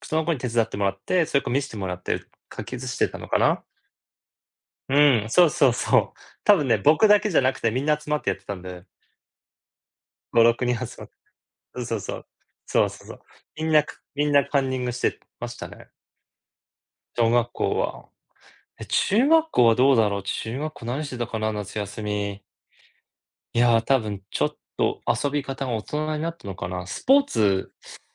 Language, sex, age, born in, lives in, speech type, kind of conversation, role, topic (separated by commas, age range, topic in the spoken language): Japanese, male, 40-44, Japan, United States, conversation, unstructured, none, 子どものころの夏休みは、どのように過ごしましたか？